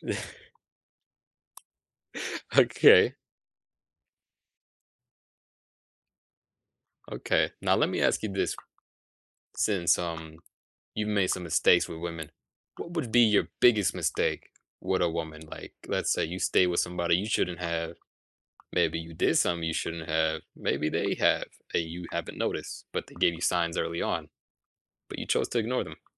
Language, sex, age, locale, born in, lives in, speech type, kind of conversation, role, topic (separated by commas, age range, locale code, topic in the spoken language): English, male, 20-24, en-US, United States, United States, conversation, podcast, host, How can mistakes help us grow and improve in life?